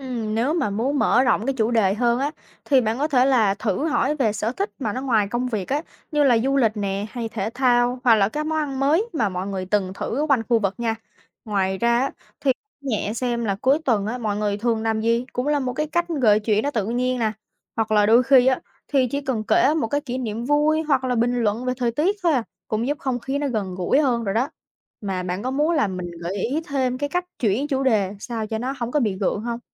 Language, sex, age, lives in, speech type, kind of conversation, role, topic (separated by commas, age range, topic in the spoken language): Vietnamese, female, 20-24, United States, read, expert-assistant, expert, Làm sao để hòa nhập ở môi trường mới mà vẫn giữ được bản sắc cá nhân?